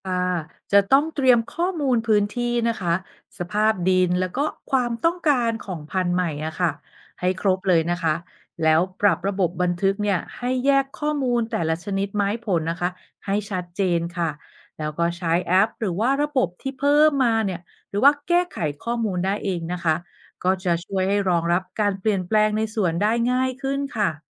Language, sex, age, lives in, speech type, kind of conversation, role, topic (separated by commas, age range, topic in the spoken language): Thai, female, 45-49, Thailand, read, expert-assistant, expert, ถ้าอยากวางแผนให้สวนหรือฟาร์มผลิตอาหารได้ตลอดทั้งปี ควรเริ่มต้นจากอะไรดี?